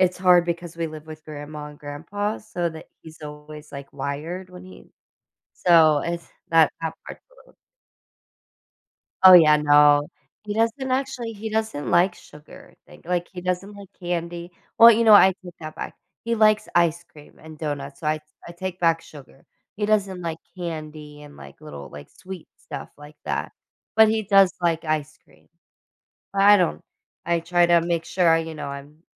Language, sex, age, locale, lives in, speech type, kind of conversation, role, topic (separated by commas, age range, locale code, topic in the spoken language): English, female, 30-34, en-US, United States, conversation, podcast, guest, What helps you keep moving forward during difficult times?